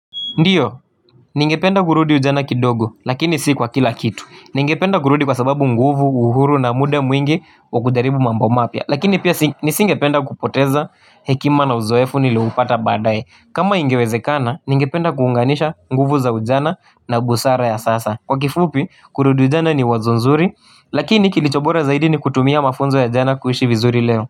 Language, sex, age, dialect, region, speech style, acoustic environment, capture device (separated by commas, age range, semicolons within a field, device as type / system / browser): Swahili, male, 18-24, Kenya - Nairobi Sheng-influenced, Kenya, happy, people talking, mobile / Linux / Chrome